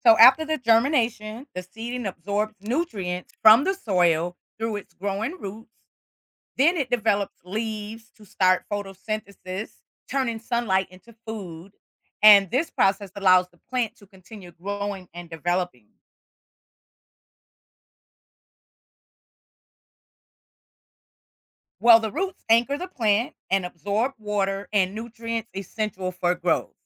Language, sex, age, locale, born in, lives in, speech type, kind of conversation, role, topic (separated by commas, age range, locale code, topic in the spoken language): English, female, 55-59, en-US, United States, United States, read, expert-assistant, expert, How do seeds become plants?